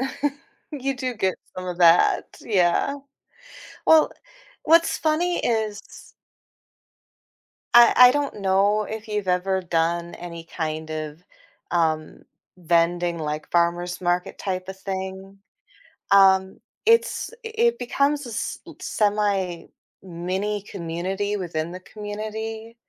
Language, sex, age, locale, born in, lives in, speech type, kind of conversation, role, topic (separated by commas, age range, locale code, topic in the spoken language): English, female, 40-44, en-US, United States, United States, conversation, podcast, guest, What are some meaningful ways we can help others feel more included in our communities?